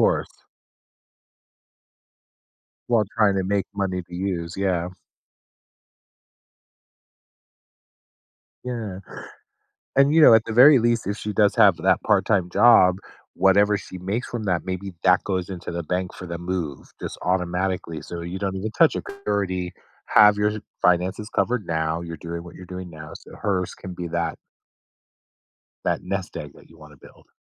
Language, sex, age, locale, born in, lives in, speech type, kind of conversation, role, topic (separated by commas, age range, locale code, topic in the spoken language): English, male, 50-54, en-US, United States, United States, conversation, advice, advisor, How can I make plans when I'm uncertain?